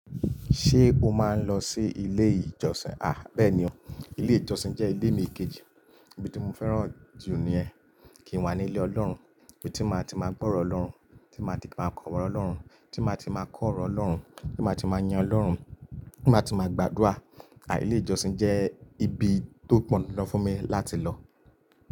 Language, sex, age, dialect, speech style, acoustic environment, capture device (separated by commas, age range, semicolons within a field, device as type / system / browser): Yoruba, male, 25-34, Nigeria - Lagos Yoruba, relaxed, nature, mobile / Linux / Chrome